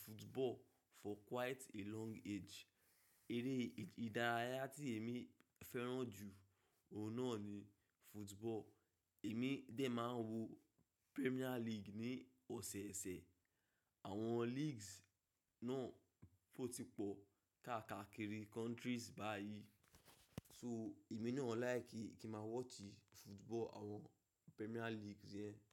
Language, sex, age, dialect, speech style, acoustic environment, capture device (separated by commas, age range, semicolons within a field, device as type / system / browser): Yoruba, male, 25-34, Nigeria - Ibadan, relaxed, silence, mobile / macOS / Safari